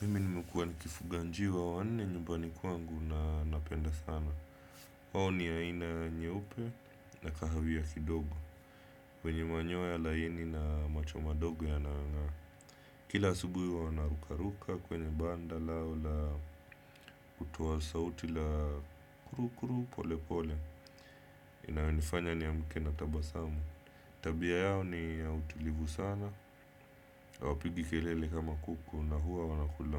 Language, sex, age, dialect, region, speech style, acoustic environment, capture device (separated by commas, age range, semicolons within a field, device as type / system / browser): Swahili, male, 25-34, Kenya - Mombasa, Kenya, relaxed; focused; anxious, silence; appliances, desktop / Windows / Chrome